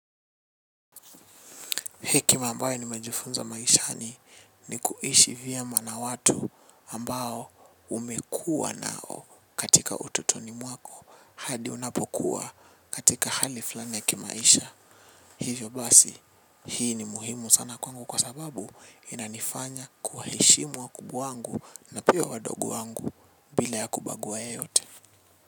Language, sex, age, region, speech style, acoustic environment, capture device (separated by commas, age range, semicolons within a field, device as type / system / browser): Swahili, male, 18-24, Kenya, relaxed, silence, mobile / Linux / Chrome